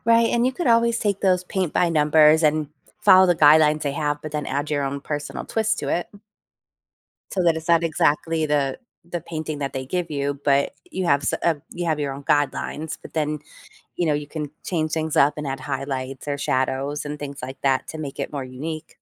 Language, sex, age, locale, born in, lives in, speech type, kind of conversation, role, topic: English, female, 40-44, en-US, United States, United States, conversation, advice, advisor, How can I find a new hobby?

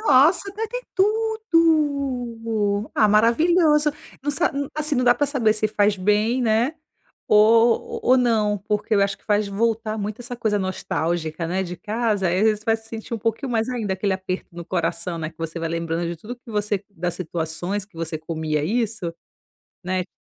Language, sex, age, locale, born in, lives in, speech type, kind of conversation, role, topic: Portuguese, female, 35-39, pt-BR, Brazil, Italy, conversation, podcast, host, Qual memória da sua família ganhou mais valor com o passar dos anos?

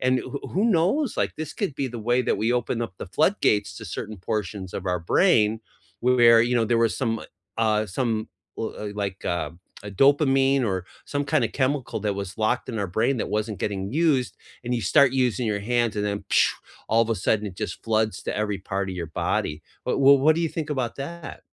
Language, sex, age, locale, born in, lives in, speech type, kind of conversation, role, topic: English, male, 55-59, en-US, United States, United States, conversation, unstructured, none, Which music playlists help you relax or focus, and why do they work for you?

